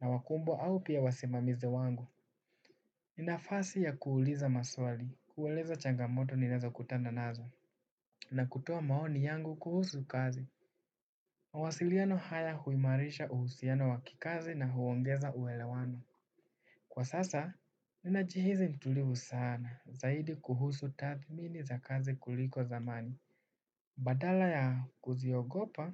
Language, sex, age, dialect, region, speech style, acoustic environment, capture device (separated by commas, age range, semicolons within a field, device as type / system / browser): Swahili, male, 18-24, Kenya - Nairobi Sheng-influenced, Kenya, focused, nature, desktop / Windows / Chrome